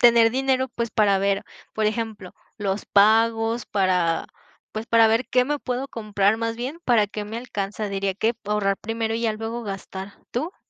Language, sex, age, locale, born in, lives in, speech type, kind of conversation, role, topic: Spanish, female, 20-24, es-MX, Mexico, Mexico, conversation, unstructured, none, ¿Cómo decides en qué gastar tu dinero cada mes?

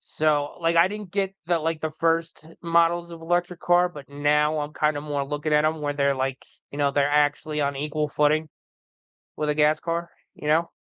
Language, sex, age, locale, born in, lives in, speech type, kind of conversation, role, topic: English, male, 40-44, en-US, United States, United States, conversation, unstructured, none, Should you keep your old car longer instead of upgrading to a newer, more efficient model?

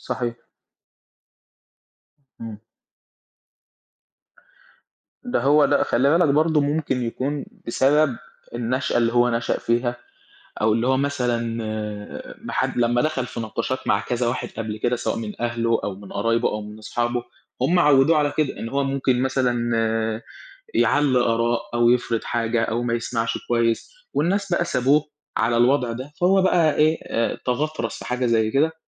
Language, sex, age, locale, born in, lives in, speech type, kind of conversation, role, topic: Arabic, male, 18-19, ar-EG, Egypt, Egypt, conversation, unstructured, none, إيه اللي بيخليك تفقد أعصابك وإنت بتتكلم مع حد مختلف معاك في الرأي؟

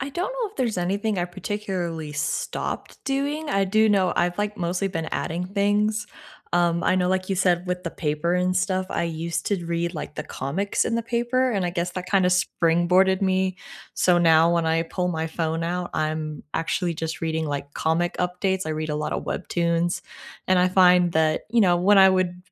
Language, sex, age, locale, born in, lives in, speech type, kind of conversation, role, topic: English, female, 25-29, en-US, United States, United States, conversation, unstructured, none, What small morning habits genuinely improve your day’s start, and how did you develop them?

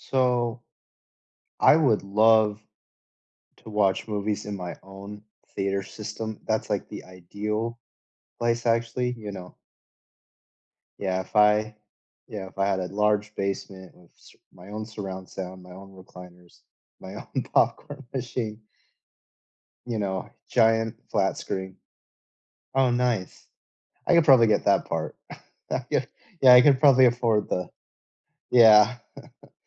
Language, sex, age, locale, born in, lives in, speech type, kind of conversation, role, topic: English, male, 25-29, en-US, United States, United States, conversation, unstructured, none, Where do you love watching movies—at home or in theaters—and what makes it special for you?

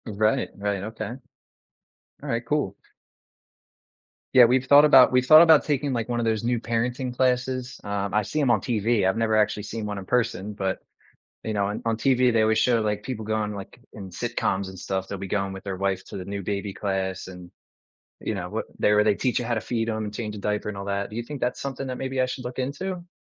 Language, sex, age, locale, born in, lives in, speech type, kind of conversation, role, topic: English, male, 30-34, en-US, United States, United States, conversation, advice, user, How can I care for my newborn while managing overwhelm and getting enough rest?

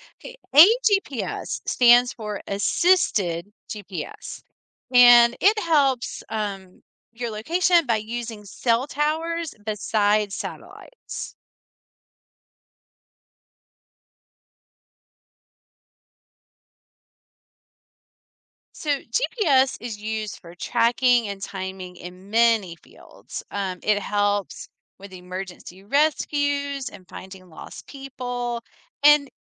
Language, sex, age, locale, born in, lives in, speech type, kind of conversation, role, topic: English, female, 45-49, en-US, United States, United States, read, expert-assistant, expert, How does a GPS work to help with navigation?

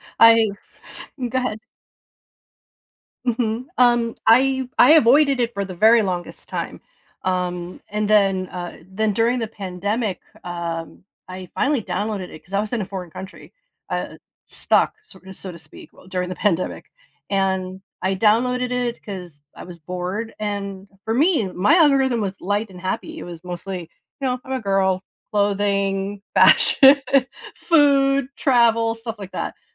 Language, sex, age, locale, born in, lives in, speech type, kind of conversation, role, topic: English, female, 40-44, en-US, United States, United States, conversation, podcast, host, Why is it important to learn from history when making decisions today?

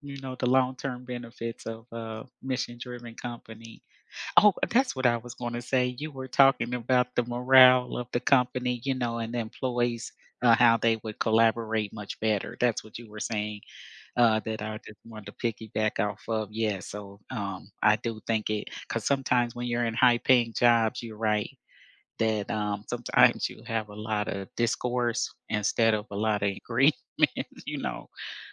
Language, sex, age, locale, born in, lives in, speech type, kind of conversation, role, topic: English, female, 55-59, en-US, United States, United States, conversation, unstructured, none, Would you rather work for a company with a strong mission but low pay, or for one that is profitable but lacks purpose?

